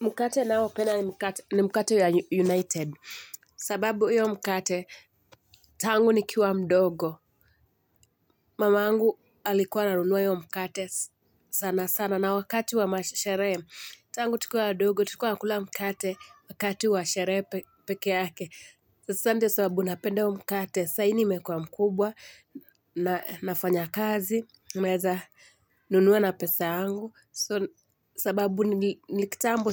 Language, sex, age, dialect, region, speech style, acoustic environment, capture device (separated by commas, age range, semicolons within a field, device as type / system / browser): Swahili, female, 25-34, Kenya - Mombasa, Kenya, happy, nature; silence, mobile / Linux / Chrome